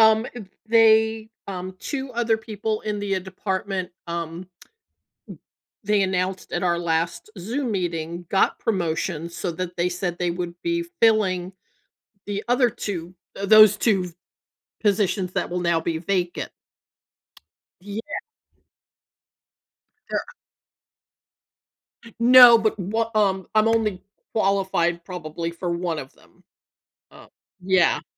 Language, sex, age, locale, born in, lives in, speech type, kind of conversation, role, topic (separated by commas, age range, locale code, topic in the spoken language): English, female, 60-64, en-US, United States, United States, conversation, advice, user, How can I handle feeling passed over when a colleague gets the promotion I wanted?